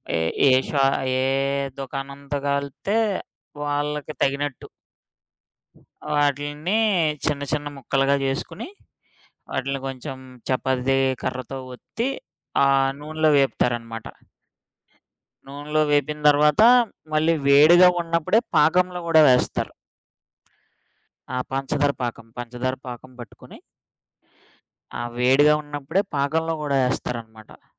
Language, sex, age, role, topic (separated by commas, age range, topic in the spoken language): Telugu, male, 30-34, guest, మీ చిన్నప్పటిలో మీకు అత్యంత ఇష్టమైన ఆహారం ఏది?